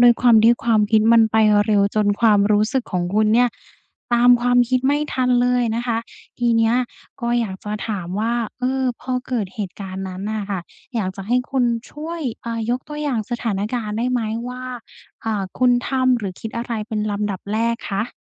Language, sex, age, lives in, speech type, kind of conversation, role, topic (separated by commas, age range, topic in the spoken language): Thai, female, 20-24, Thailand, conversation, advice, advisor, คุณจะแยกแยะความคิดกับความรู้สึกให้ชัดเจนได้อย่างไร?